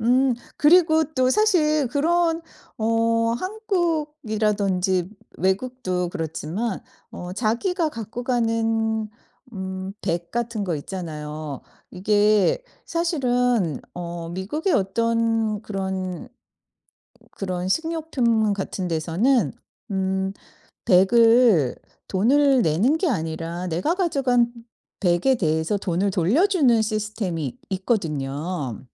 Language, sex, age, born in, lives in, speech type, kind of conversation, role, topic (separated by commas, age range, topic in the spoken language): Korean, female, 50-54, South Korea, United States, conversation, podcast, host, 플라스틱 문제를 줄이기 위해 개인이 할 수 있는 일은 무엇이라고 생각하시나요?